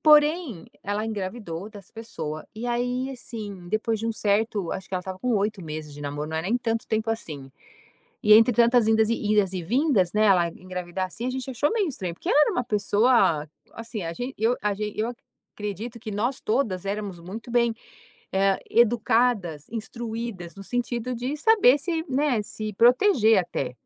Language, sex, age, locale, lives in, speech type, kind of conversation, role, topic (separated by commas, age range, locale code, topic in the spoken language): Portuguese, female, 50-54, pt-BR, United States, conversation, podcast, guest, Como você equilibra honestidade e empatia nas conversas?